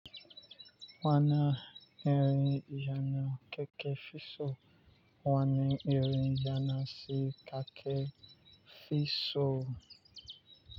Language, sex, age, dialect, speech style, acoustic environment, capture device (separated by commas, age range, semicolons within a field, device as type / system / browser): Hausa, male, 25-34, Nigeria - Lagos Yoruba, relaxed, nature, mobile / Linux / Chrome